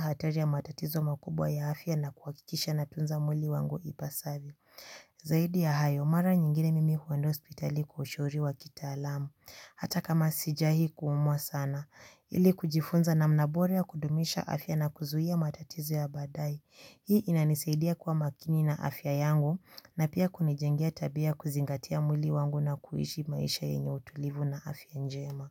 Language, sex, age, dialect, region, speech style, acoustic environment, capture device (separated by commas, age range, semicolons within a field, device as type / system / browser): Swahili, female, 18-24, Kenya - Nairobi Sheng-influenced, Kenya, relaxed, silence, mobile / Linux / Chrome